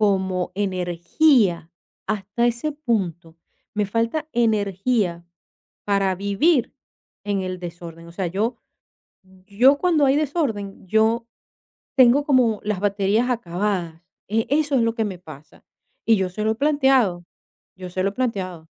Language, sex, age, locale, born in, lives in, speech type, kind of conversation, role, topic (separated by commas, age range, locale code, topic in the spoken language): Spanish, female, 50-54, es-VE, Venezuela, Portugal, conversation, advice, user, ¿Cómo puedo empezar a ordenar mi casa si me abruma el desorden?